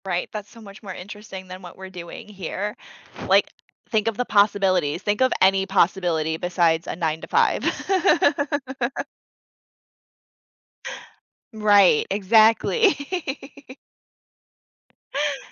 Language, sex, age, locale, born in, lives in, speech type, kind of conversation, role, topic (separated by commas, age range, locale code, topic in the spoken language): English, female, 30-34, en-US, United States, United States, conversation, unstructured, none, Why do some movies stay enjoyable no matter how many times you watch them?